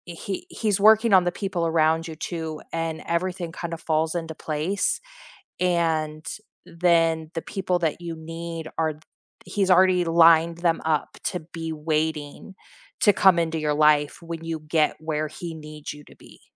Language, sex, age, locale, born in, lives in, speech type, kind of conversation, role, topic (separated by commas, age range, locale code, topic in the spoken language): English, female, 40-44, en-US, United States, United States, conversation, unstructured, none, What is one small daily habit you have started recently that has quietly improved your days, and why?